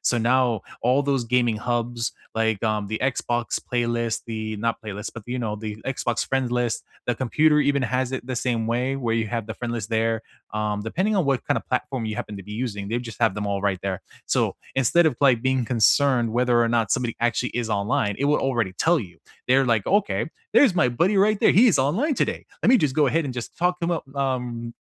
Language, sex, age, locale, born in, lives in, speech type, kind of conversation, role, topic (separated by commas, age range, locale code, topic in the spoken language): English, male, 35-39, en-US, United States, United States, conversation, unstructured, none, What meaningful habits help you stay close to old friends over the years?